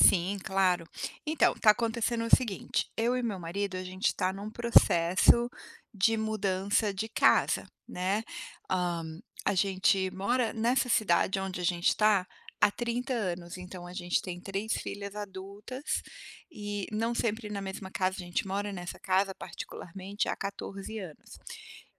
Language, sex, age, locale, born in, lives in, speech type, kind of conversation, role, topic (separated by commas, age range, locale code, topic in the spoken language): Portuguese, female, 45-49, pt-BR, Brazil, United States, conversation, advice, user, Como posso fortalecer meu bem-estar emocional diante de mudanças?